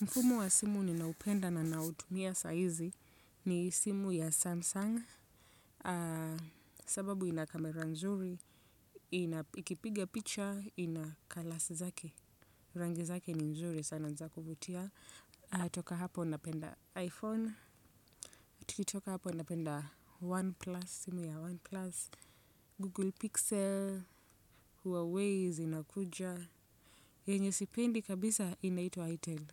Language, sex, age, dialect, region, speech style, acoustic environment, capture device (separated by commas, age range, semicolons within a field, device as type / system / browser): Swahili, female, 25-34, Kenya - Nairobi Sheng-influenced, Kenya, focused; relaxed, silence, desktop / Windows / Chrome